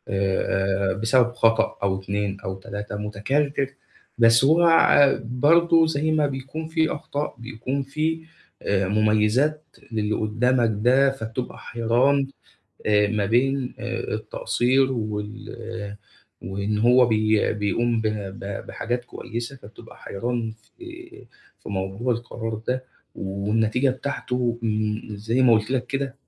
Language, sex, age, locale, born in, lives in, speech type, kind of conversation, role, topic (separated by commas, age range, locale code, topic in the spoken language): Arabic, male, 25-29, ar-EG, Egypt, Egypt, conversation, advice, user, إزاي أخد قرارات في شركتي لما الدنيا تبقى مش واضحة؟